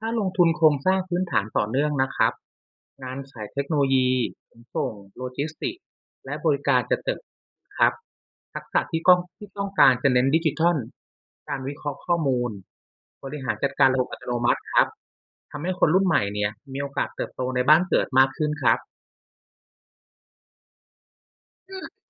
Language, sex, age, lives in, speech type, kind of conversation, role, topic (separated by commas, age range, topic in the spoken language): Thai, male, 35-39, Thailand, read, expert-assistant, expert, จริงไหมที่คนต่างจังหวัดย้ายเข้ากรุงเทพฯเพราะหาโอกาสทางเศรษฐกิจง่ายกว่า?